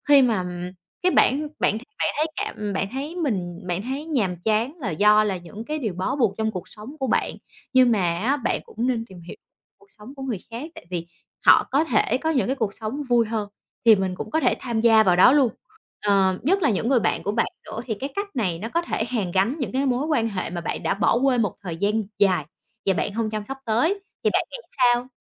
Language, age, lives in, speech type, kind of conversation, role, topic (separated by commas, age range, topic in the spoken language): Vietnamese, 30-34, Vietnam, conversation, advice, advisor, Bạn cảm thấy thế nào khi cuộc sống cứ lặp đi lặp lại và bạn dần mất đi nhiệt huyết?